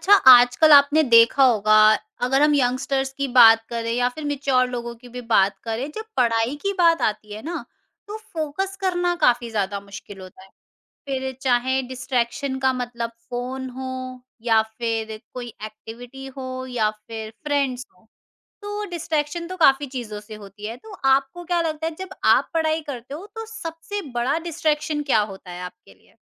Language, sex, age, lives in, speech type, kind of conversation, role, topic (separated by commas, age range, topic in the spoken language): Hindi, female, 50-54, India, conversation, podcast, host, Padhai karte waqt distraction ko aap kaise handle karte ho?